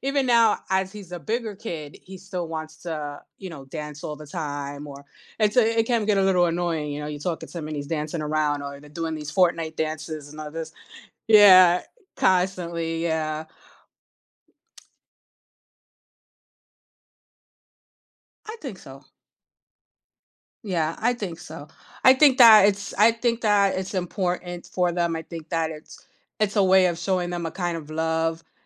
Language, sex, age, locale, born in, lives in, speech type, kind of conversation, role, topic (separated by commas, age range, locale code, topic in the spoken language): English, female, 45-49, en-US, United States, United States, conversation, unstructured, none, How can being playful improve our happiness and relationships?